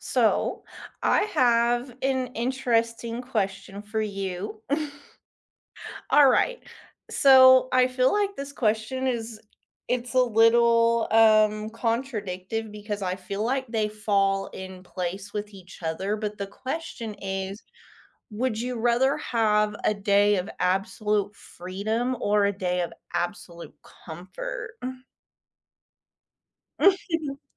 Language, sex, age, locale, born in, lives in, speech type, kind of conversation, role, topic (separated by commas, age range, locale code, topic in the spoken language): English, female, 30-34, en-US, United States, United States, conversation, unstructured, none, Would you rather have a day of absolute freedom or a day of absolute comfort?